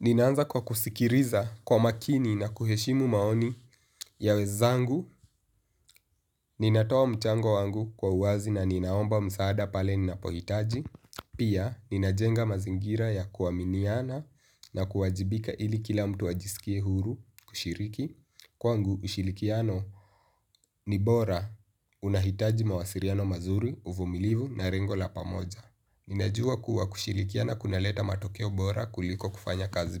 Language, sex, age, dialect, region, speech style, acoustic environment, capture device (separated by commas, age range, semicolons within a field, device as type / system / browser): Swahili, male, 18-24, Kenya - Nairobi Sheng-influenced, Kenya, relaxed; happy; focused, nature; silence, mobile / Linux / Chrome